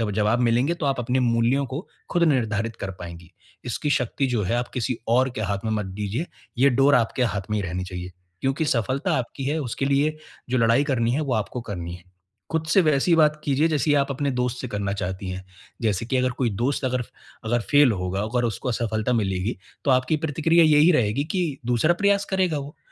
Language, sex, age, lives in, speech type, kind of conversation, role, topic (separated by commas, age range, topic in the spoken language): Hindi, male, 25-29, India, conversation, advice, advisor, मैं असफलता को व्यक्तिगत विफलता के रूप में कैसे न लूँ?